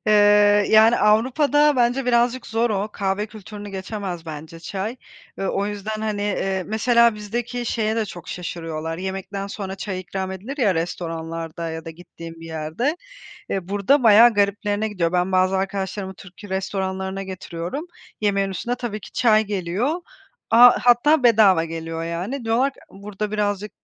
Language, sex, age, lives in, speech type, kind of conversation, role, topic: Turkish, female, 30-34, Spain, conversation, podcast, guest, Sabah çay ya da kahve hazırlama ritüelin zaman içinde nasıl şekillendi?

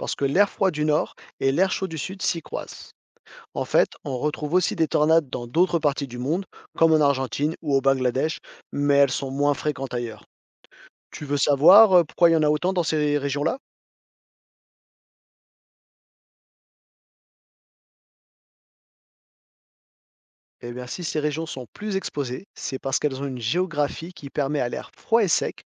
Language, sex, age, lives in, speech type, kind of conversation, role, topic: French, male, 40-44, France, read, expert-assistant, expert, Comment les tornades se forment-elles ?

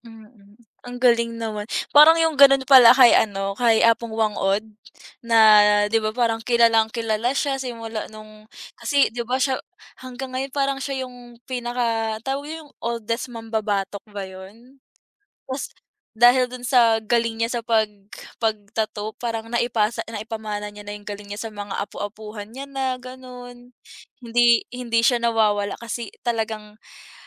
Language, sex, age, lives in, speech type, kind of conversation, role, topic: Filipino, female, 20-24, Philippines, conversation, unstructured, none, Bakit mahalaga ang sining sa kultura ng isang bansa?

